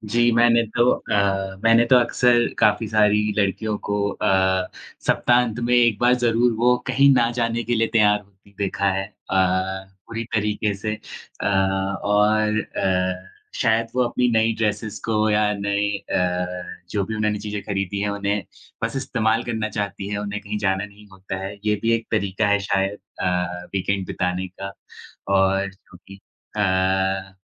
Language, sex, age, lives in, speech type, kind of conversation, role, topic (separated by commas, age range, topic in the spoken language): Hindi, male, 20-24, India, conversation, unstructured, none, आपके लिए आदर्श सप्ताहांत कैसा होता है?